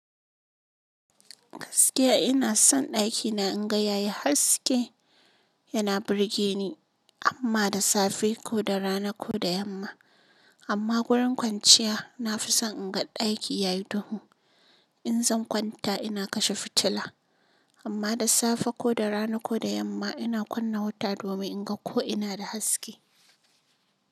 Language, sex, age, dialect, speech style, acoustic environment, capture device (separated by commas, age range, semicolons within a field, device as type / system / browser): Hausa, female, 25-34, Nigeria - Kano, relaxed, appliances, mobile / Linux / Chrome